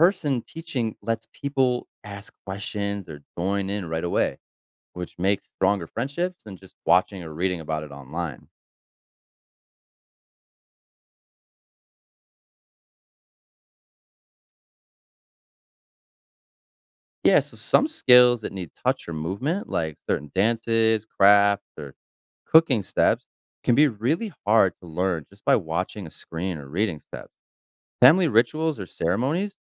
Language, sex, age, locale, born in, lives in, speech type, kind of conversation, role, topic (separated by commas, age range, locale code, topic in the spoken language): English, male, 30-34, en-US, United States, United States, read, expert-assistant, expert, How is knowledge shared between generations?